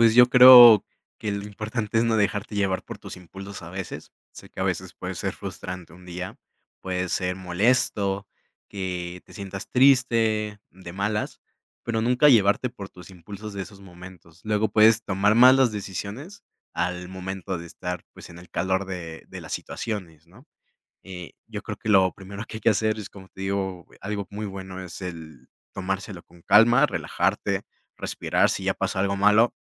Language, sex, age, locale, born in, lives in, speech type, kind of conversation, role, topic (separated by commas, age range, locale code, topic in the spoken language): Spanish, male, 20-24, es-MX, Mexico, Mexico, conversation, podcast, guest, ¿Qué te ayuda a recuperarte después de un mal día?